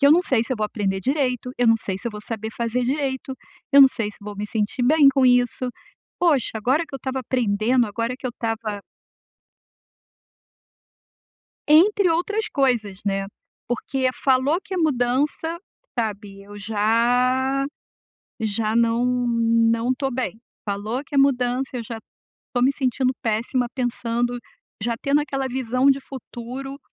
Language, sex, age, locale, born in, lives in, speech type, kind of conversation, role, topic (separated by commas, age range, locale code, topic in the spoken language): Portuguese, female, 55-59, pt-BR, Brazil, United States, conversation, advice, user, Como posso desenvolver resiliência diante de mudanças inesperadas?